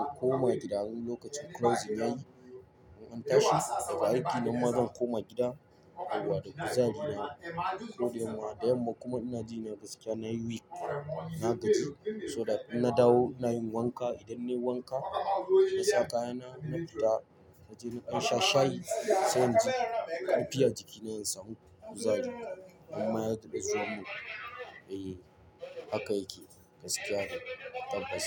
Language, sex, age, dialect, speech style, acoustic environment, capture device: Hausa, male, 18-24, Nigeria - Lagos Yoruba, nervous; tired, nature, mobile / Linux / Chrome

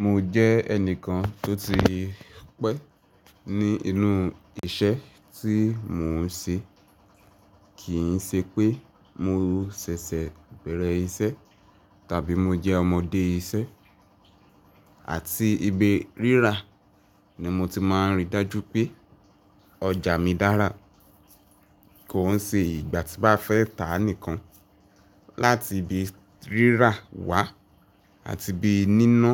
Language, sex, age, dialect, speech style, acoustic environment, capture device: Yoruba, male, 18-24, Nigeria - Ibadan, stressed, appliances, mobile / Linux / Chrome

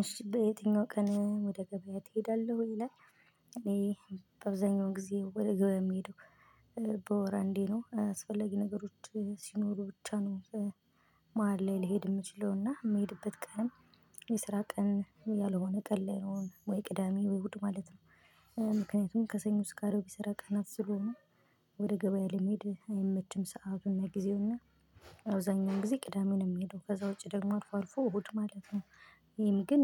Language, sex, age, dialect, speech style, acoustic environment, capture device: Amharic, female, 25-34, Ethiopia - Gojjam, excited; happy; relaxed, silence, mobile / Linux / Chrome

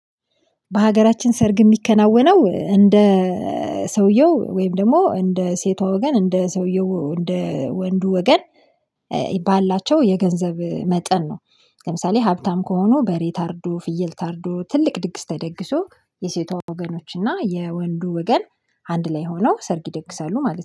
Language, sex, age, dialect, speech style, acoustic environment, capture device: Amharic, female, 25-34, Ethiopia - Addis Ababa, happy, silence, mobile / Linux / Chrome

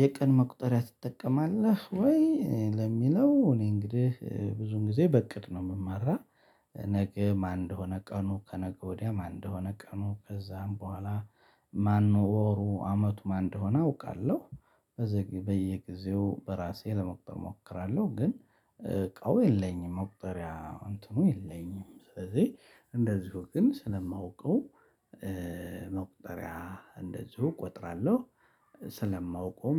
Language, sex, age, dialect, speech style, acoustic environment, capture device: Amharic, male, 35-44, Ethiopia - Addis Ababa, happy; excited, silence, mobile / Linux / Chrome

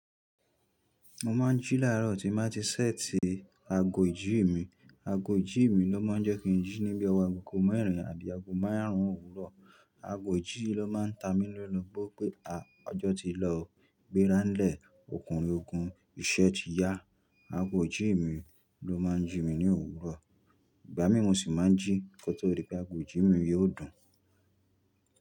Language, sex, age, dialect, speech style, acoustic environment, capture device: Yoruba, male, 25-34, Nigeria - Nigerian Standard, happy; excited; focused, nature, mobile / Linux / Chrome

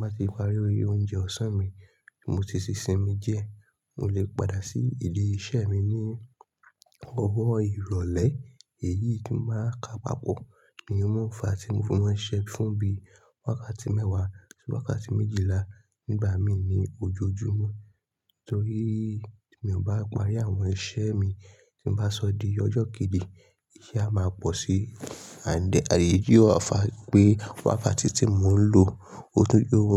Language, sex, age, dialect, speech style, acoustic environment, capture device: Yoruba, male, 25-34, Nigeria - Lagos Yoruba, relaxed; excited; nervous, people talking; silence; nature; traffic, mobile / Linux / Chrome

